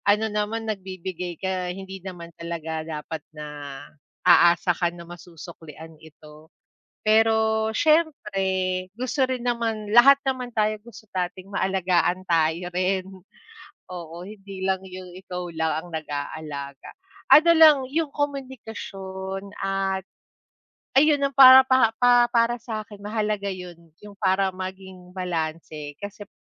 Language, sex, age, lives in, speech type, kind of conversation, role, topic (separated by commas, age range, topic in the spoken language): Filipino, female, 35-39, Philippines, conversation, unstructured, none, Ano ang paborito ninyong paraan ng pag-aalaga sa isa’t isa?